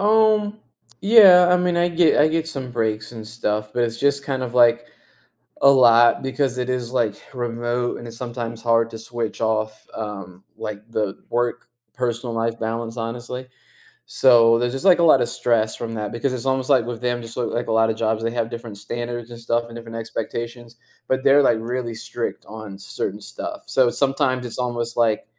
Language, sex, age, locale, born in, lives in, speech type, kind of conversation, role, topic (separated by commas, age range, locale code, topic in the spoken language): English, male, 30-34, en-US, United States, United States, conversation, advice, user, How can I manage overwhelming responsibilities?